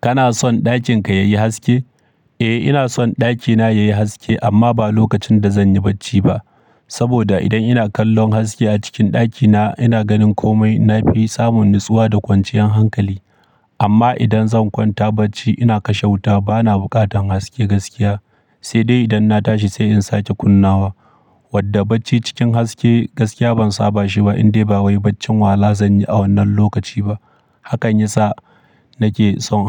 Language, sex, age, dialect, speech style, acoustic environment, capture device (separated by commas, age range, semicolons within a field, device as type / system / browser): Hausa, male, 25-34, Nigeria - Kano, happy, silence, mobile / Linux / Chrome